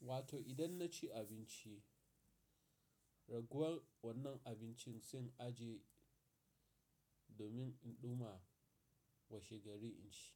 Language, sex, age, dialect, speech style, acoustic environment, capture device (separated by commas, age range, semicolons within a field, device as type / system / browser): Hausa, male, 35-44, Nigeria - Nigerian Standard, excited, nature; silence, mobile / Linux / Chrome